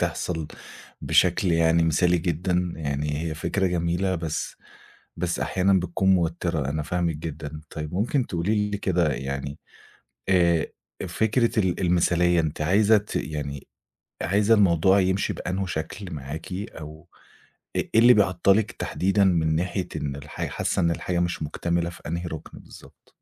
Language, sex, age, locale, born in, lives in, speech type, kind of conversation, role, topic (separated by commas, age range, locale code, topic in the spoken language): Arabic, male, 25-29, ar-EG, Egypt, Egypt, conversation, advice, advisor, إزاي أتعامل مع سعيّي للكمال اللي بيبطّأ تقدّمي الإبداعي وبيعطّل شغلي؟